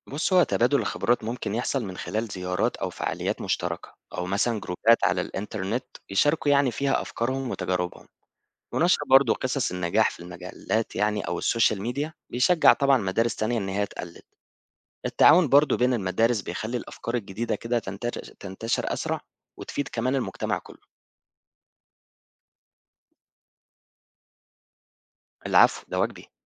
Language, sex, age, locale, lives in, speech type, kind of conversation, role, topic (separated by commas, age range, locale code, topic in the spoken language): Arabic, male, 25-29, ar-EG, Egypt, read, expert-assistant, expert, إزاي ممكن نبص على مشاكل المجتمع بعين جديدة ونلاقي لها حلول بسيطة؟